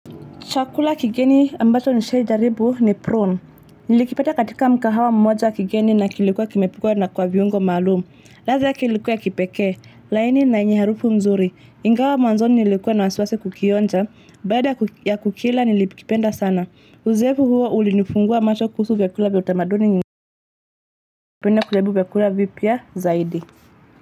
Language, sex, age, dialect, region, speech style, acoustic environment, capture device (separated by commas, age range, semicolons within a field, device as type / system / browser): Swahili, female, 25-34, Kenya - Nairobi Sheng-influenced, Kenya, focused, traffic, mobile / Linux / Chrome